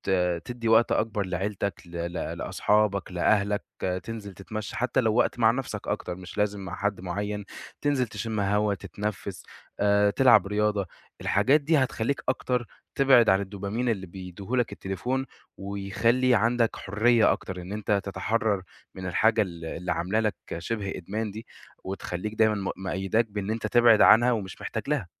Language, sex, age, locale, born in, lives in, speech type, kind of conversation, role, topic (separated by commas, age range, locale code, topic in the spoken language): Arabic, male, 20-24, ar-EG, Egypt, Egypt, conversation, advice, advisor, إزاي أتعامل مع تراجع أدائي في الشغل بسبب التسويف المتكرر؟